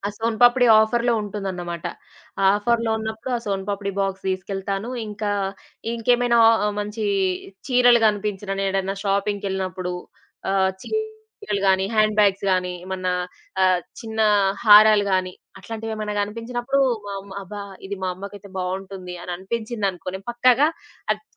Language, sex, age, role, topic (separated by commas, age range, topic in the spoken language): Telugu, female, 25-29, guest, కుటుంబంతో కలిసి సమయం గడిపేటప్పుడు మీరు సాధారణంగా ఏమేమి చేస్తారు?